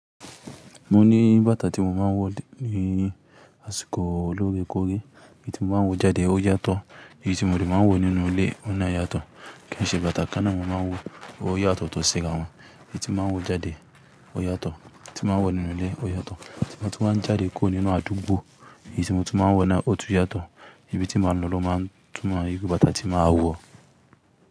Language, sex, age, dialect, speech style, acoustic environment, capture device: Yoruba, male, 25-34, Nigeria - Kano, tired; nervous, nature, desktop / Linux / Chrome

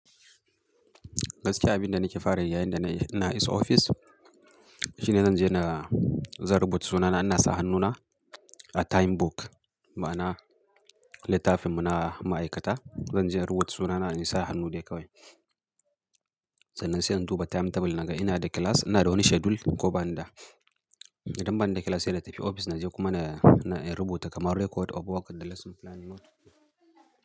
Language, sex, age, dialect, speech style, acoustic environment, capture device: Hausa, male, 35-44, Nigeria - Kano, happy; excited; focused, silence, mobile / Linux / Chrome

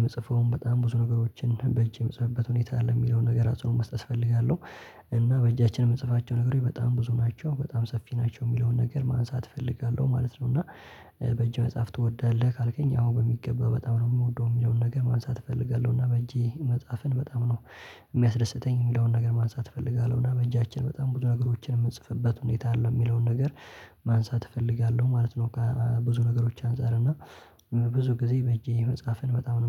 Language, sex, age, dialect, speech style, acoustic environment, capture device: Amharic, male, 18-24, Ethiopia - Addis Ababa, relaxed, nature, mobile / Linux / Chrome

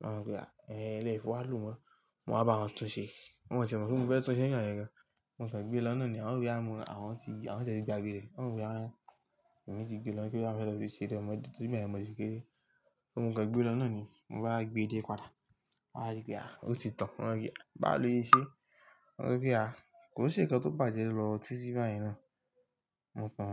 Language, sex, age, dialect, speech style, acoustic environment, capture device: Yoruba, male, 18-24, Nigeria - Lagos Yoruba, relaxed, appliances, mobile / Linux / Chrome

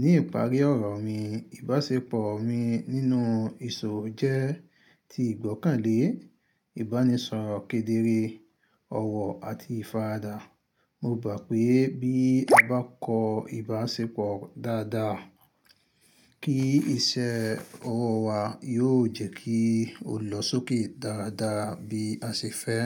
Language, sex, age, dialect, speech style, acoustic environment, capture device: Yoruba, male, 25-34, Nigeria - Ibadan, happy, silence, mobile / Linux / Chrome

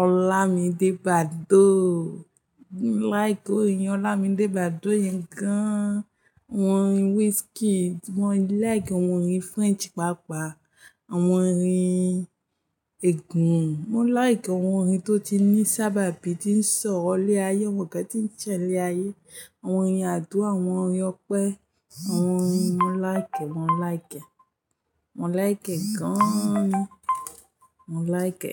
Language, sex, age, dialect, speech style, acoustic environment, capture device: Yoruba, female, 25-34, Nigeria - Ijebu, happy; focused, traffic; music; nature, mobile / Linux / Chrome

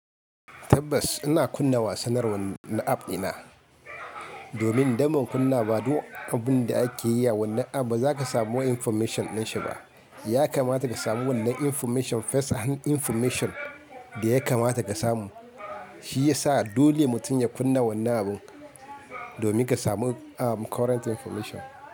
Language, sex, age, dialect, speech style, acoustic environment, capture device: Hausa, male, 25-34, Nigeria - Kano, happy; relaxed, nature; people talking; appliances, mobile / Linux / Chrome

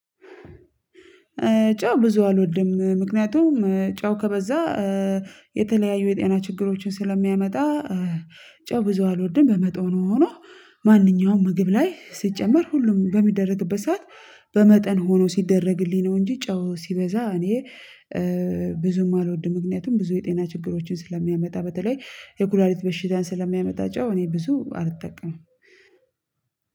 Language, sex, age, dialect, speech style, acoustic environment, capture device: Amharic, female, 25-34, Ethiopia - Addis Ababa, tired, people talking, mobile / Linux / Chrome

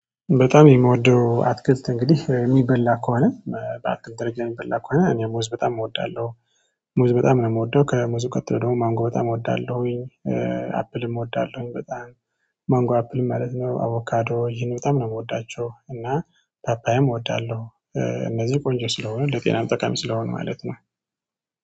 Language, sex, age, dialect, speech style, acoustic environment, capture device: Amharic, male, 25-34, Ethiopia - Tigray, happy, silence, mobile / Android / Chrome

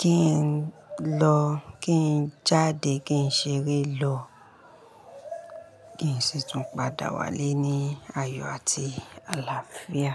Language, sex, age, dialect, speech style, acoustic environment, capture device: Yoruba, female, 35-44, Nigeria - Ibadan, happy; relaxed; focused, silence; people talking; nature, mobile / Linux / Chrome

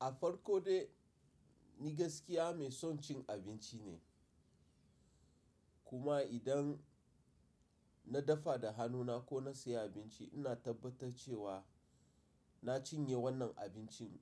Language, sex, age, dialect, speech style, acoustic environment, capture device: Hausa, male, 35-44, Nigeria - Nigerian Standard, focused, nature, mobile / Linux / Chrome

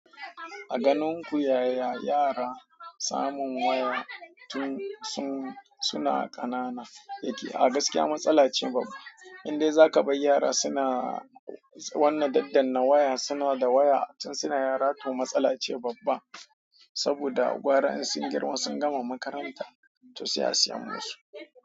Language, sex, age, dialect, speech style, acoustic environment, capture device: Hausa, male, 25-34, Nigeria - Kano, excited; happy, people talking; silence, mobile / Android / Chrome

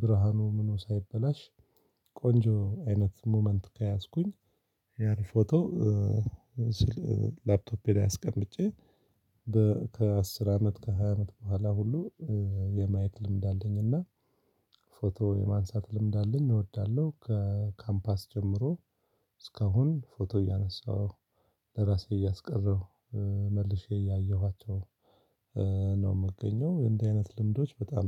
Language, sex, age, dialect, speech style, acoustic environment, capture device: Amharic, male, 35-44, Ethiopia - Addis Ababa, focused, silence, mobile / Linux / Chrome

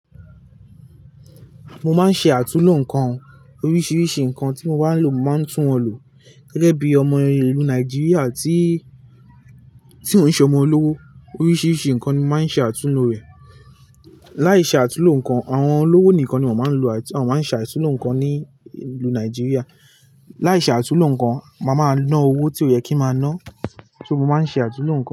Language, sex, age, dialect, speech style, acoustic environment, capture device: Yoruba, male, 18-24, Nigeria - Lagos Yoruba, relaxed, nature, mobile / Linux / Chrome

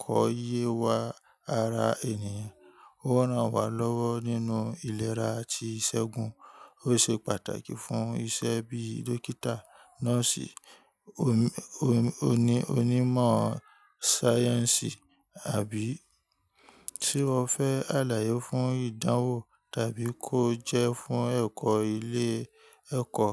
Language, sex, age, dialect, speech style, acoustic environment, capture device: Yoruba, male, 25-34, Nigeria - Ibadan, relaxed, people talking; nature, mobile / Linux / Chrome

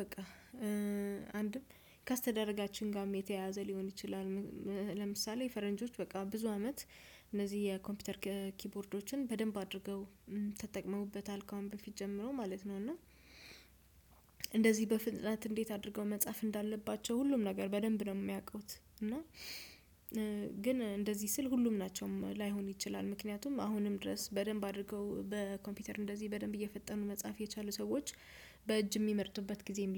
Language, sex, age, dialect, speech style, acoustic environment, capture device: Amharic, female, 25-34, Ethiopia - Addis Ababa, relaxed, silence, desktop / Windows / Chrome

